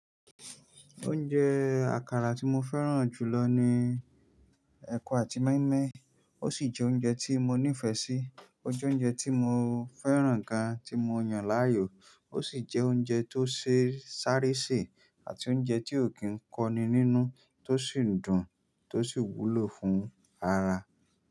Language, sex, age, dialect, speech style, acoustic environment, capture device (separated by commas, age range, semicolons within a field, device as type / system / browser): Yoruba, male, 25-34, Nigeria - Nigerian Standard, happy; focused; excited; relaxed, nature, mobile / Linux / Chrome